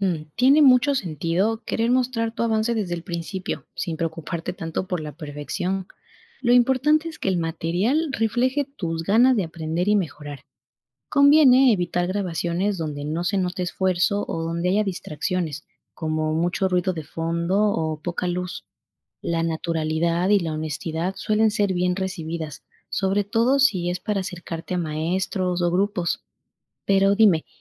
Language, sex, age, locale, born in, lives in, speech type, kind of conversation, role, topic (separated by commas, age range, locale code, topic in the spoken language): Spanish, female, 25-29, es-EC, Ecuador, Spain, read, expert-assistant, expert, ¿Cómo empezar una carrera en teatro y danza si no tengo experiencia formal?